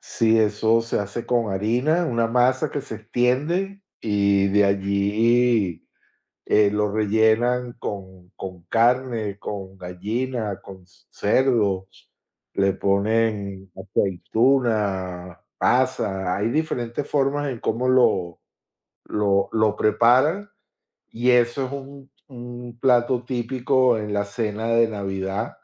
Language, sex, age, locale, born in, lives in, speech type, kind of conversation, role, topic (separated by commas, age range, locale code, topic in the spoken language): Spanish, male, 20-24, es-VE, United States, United States, conversation, unstructured, none, ¿Cómo celebras las tradiciones en tu familia?